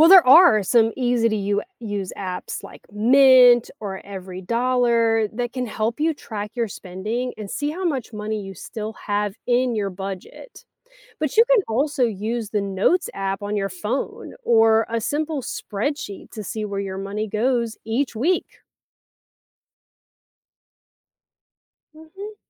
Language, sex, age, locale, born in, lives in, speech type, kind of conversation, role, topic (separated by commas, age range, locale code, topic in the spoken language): English, female, 35-39, en-US, United States, United States, read, expert-assistant, expert, Why should people learn how to manage their money?